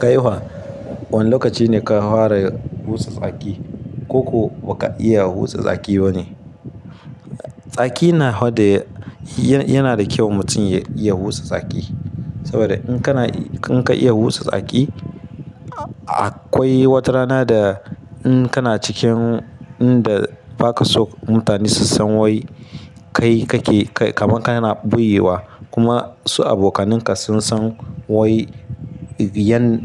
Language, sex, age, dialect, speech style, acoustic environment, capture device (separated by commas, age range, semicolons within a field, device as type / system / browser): Hausa, male, 25-34, Nigeria - Ibadan, happy; tired, nature; people talking; traffic; appliances, mobile / Linux / Chrome